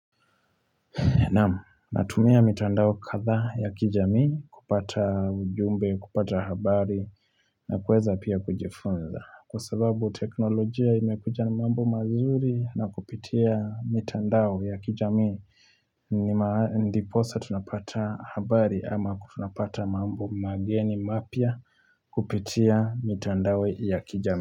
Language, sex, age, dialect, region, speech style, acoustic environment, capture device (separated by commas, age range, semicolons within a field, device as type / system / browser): Swahili, male, 25-34, Kenya - Nairobi Sheng-influenced, Kenya, happy, nature, mobile / Linux / Chrome